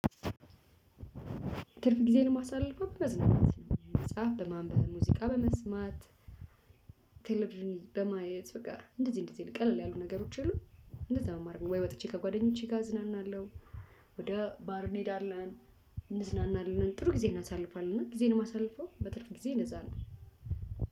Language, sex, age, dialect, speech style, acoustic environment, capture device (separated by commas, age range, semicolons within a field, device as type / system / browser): Amharic, female, 35-44, Ethiopia - Addis Ababa, focused, people talking; traffic; construction; music, mobile / Linux / Chrome